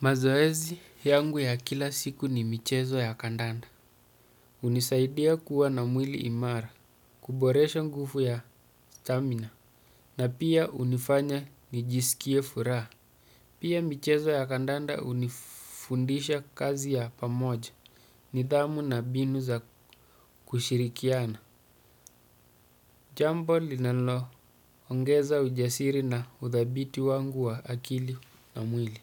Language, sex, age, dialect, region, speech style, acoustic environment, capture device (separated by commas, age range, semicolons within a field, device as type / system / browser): Swahili, male, 35-44, Kenya - Mombasa, Kenya, happy, silence, desktop / Windows / Chrome